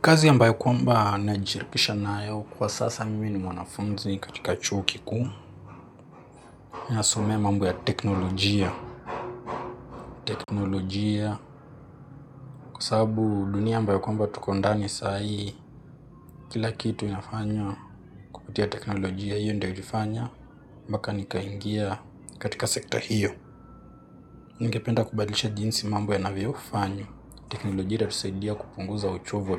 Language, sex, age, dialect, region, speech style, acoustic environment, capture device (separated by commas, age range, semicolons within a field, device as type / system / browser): Swahili, male, 18-24, Kenya - Nairobi Sheng-influenced, Kenya, relaxed, silence, mobile / Linux / Chrome